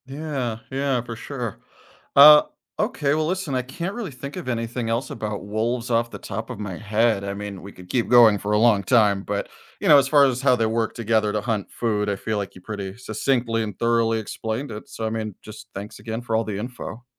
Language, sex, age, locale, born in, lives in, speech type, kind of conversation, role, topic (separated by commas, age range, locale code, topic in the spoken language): English, male, 35-39, en-US, United States, United States, read, expert-assistant, asker, How do wolves work together to hunt?